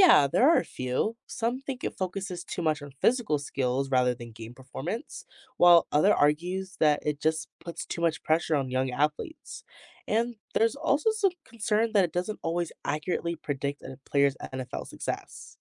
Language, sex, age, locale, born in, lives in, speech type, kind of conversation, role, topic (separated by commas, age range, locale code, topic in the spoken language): English, female, 18-19, en-US, United States, United States, read, expert-assistant, expert, Explain how the NFL combine works.